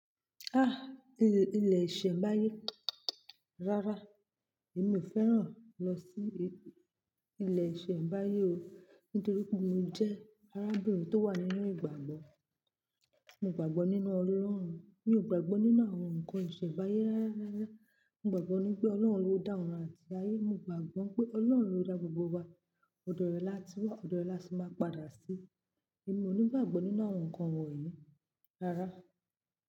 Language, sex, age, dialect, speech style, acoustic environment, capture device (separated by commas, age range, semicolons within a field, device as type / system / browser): Yoruba, female, 25-34, Nigeria - Lagos Yoruba, focused, silence, mobile / Linux / Chrome